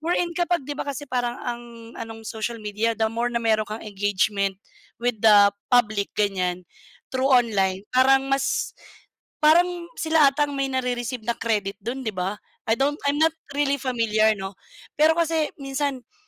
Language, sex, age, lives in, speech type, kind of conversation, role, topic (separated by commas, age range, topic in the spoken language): Filipino, female, 35-39, Philippines, conversation, unstructured, none, Paano nakaaapekto ang midyang panlipunan sa karera ng mga sikat na artista?